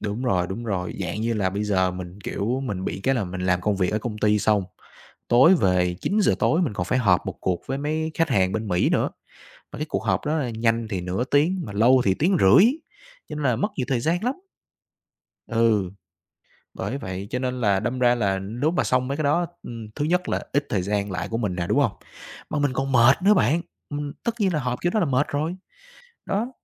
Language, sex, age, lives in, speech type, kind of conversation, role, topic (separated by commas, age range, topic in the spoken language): Vietnamese, male, 25-29, Vietnam, conversation, advice, user, Làm thế nào để duy trì thói quen tập thể dục đều đặn?